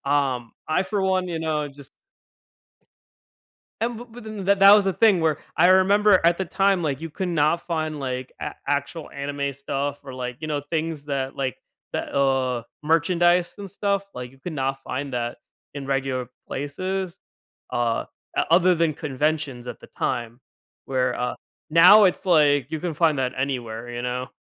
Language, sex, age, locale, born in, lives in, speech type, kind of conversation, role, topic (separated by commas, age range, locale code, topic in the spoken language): English, male, 25-29, en-US, United States, United States, conversation, podcast, guest, How has pursuing a hobby shaped your life and personal growth?